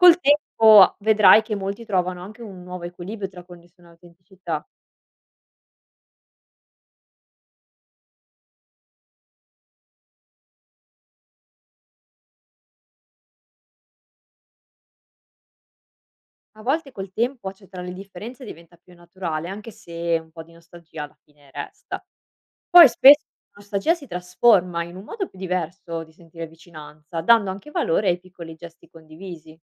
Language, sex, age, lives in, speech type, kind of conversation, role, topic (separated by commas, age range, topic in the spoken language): Italian, female, 25-29, Italy, read, expert-assistant, expert, Cosa posso fare se mi sento in difficoltà a scegliere tra quello che voglio e quello che la mia comunità si aspetta da me?